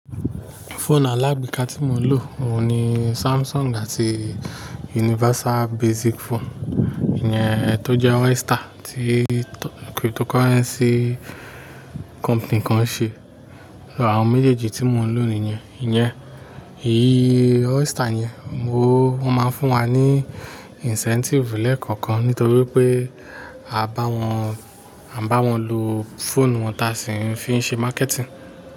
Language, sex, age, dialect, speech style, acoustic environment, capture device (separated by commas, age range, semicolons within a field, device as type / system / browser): Yoruba, male, 35-44, Nigeria - Nigerian Standard, focused, nature, mobile / Linux / Chrome